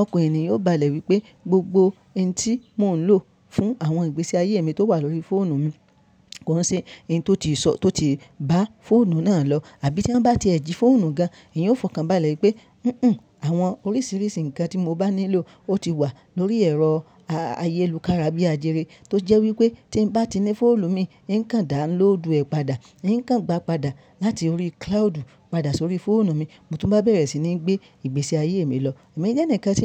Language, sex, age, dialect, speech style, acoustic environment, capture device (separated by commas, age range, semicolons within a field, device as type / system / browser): Yoruba, male, 25-34, Nigeria - Ibadan, happy; focused, nature, mobile / Linux / Chrome